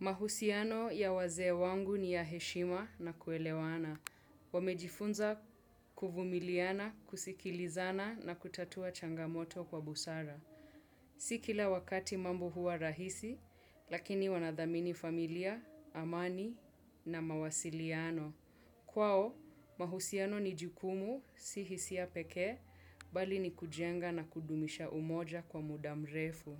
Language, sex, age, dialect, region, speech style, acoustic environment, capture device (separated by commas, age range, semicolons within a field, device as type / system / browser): Swahili, female, 18-24, Kenya - Mombasa, Kenya, focused, silence, desktop / Windows / Chrome